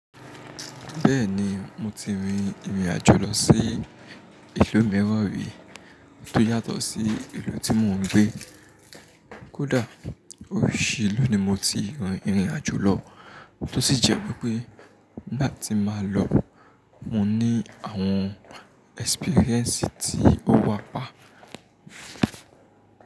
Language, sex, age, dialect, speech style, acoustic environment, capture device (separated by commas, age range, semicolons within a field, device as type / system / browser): Yoruba, male, 25-34, Nigeria - Nigerian Standard, happy, people talking, mobile / Linux / Chrome